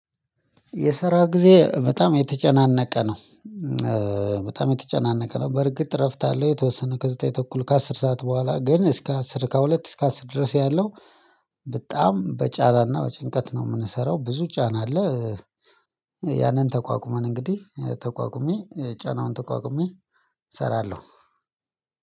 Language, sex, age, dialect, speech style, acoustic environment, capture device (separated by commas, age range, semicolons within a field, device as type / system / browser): Amharic, male, 35-44, Ethiopia - Addis Ababa, excited, silence, mobile / Linux / Chrome